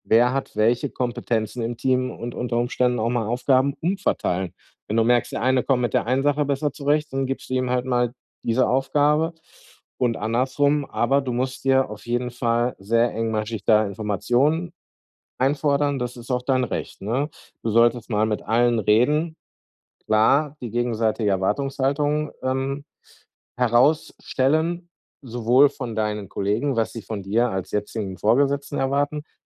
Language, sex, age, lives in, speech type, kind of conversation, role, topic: German, male, 40-44, Germany, conversation, advice, advisor, Wie kann ich Vertrauen aufbauen, damit ich Verantwortung und Aufgaben effektiv delegieren kann?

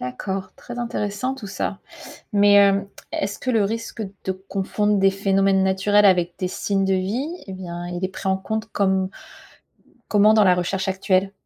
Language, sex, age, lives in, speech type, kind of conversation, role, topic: French, female, 35-39, United States, read, expert-assistant, asker, Découvrir une vie extraterrestre changerait-il notre vision du monde ?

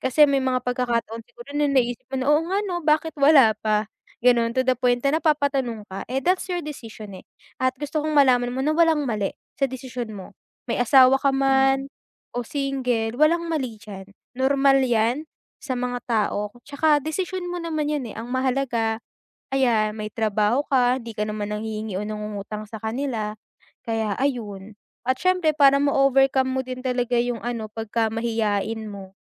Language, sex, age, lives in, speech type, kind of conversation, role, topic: Filipino, female, 20-24, Philippines, conversation, advice, advisor, Paano ako magiging mas kumportable sa malalaking pagtitipon kapag nahihiya ako?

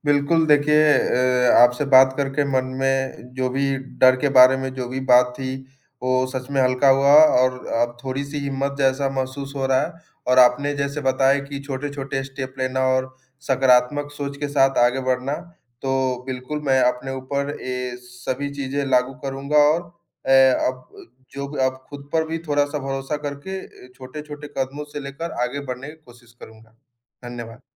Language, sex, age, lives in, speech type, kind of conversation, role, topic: Hindi, male, 20-24, India, conversation, advice, user, चोट के बाद अपनी गतिविधियों में वापस लौटने से आपको किस बात का डर लग रहा है?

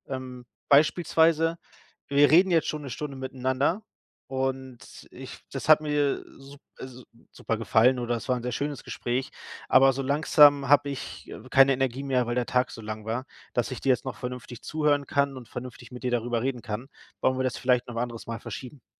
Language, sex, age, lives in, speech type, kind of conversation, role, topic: German, male, 30-34, Germany, conversation, advice, advisor, Wie kann ich Familienmitgliedern klare Grenzen setzen und sie verständlich erklären?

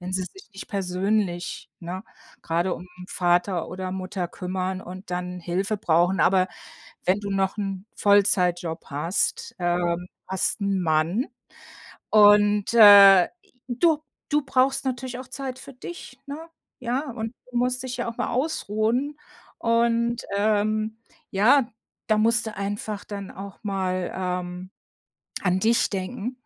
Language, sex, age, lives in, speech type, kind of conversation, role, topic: German, female, 55-59, United States, conversation, advice, advisor, Wie beeinträchtigt die Pflege eines älteren Familienmitglieds deine eigene Lebensgestaltung?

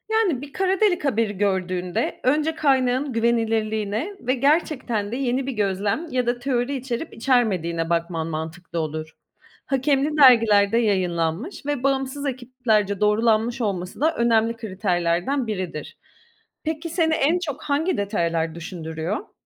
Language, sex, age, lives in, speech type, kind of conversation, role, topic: Turkish, female, 35-39, Greece, read, expert-assistant, expert, Kozmolojiyle ilgili okuduğum bilgilerin doğruluğunu nasıl kontrol edebilirim?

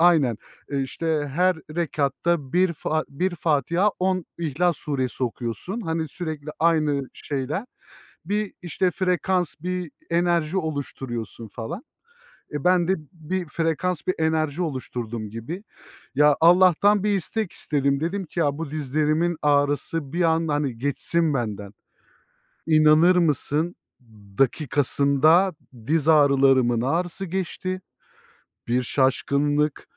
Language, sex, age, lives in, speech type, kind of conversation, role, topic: Turkish, male, 30-34, Germany, conversation, unstructured, none, Hayatında seni en çok şaşırtan şey neydi?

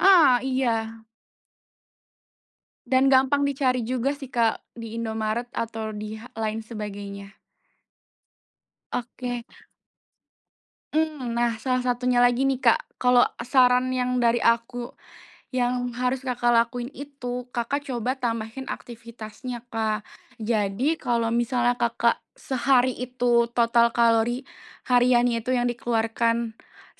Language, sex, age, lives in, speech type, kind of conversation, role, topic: Indonesian, female, 25-29, Indonesia, conversation, advice, advisor, Bagaimana cara membiasakan makan sehat jika saya sulit mempertahankan kebiasaan makan sehat?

